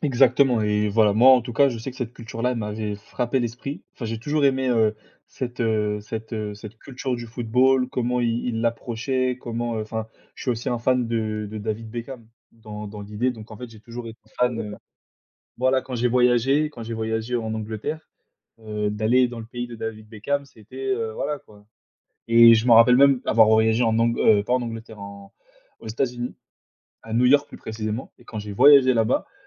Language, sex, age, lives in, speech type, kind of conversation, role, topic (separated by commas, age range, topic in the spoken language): French, male, 20-24, France, conversation, unstructured, none, Quelle est ta plus belle découverte en voyage ?